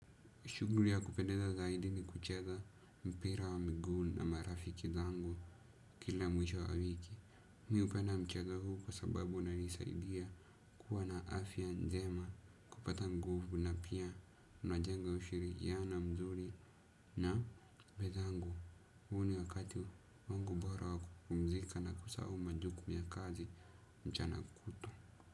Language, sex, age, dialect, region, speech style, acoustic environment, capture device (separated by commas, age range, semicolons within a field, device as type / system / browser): Swahili, male, 18-24, Kenya - Nairobi Sheng-influenced, Kenya, happy, silence, desktop / Windows / Edge